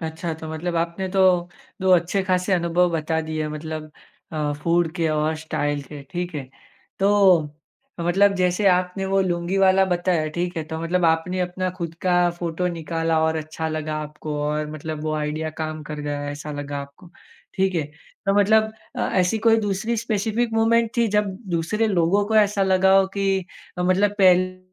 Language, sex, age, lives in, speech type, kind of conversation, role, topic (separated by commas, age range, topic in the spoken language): Hindi, male, 25-29, India, conversation, podcast, host, Koi aisa combination jo pehle ajeeb laga par phir perfect nikla, kya tha?